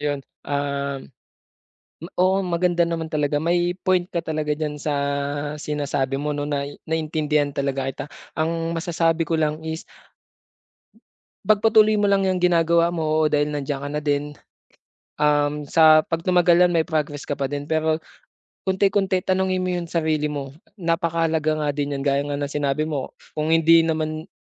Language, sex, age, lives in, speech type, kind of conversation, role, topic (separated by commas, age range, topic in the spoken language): Filipino, male, 25-29, Philippines, conversation, advice, advisor, Paano ko muling matutuklasan ang tunay kong mga hangarin at pagpapahalaga pagkatapos ng hiwalayan?